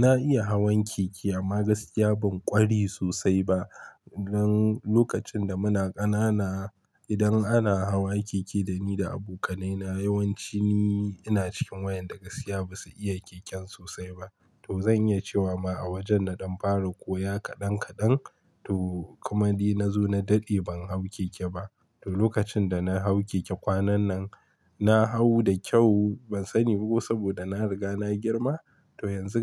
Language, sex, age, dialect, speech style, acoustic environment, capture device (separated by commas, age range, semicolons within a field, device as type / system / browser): Hausa, male, 25-34, Nigeria - Kano, focused; relaxed, silence, mobile / Linux / Chrome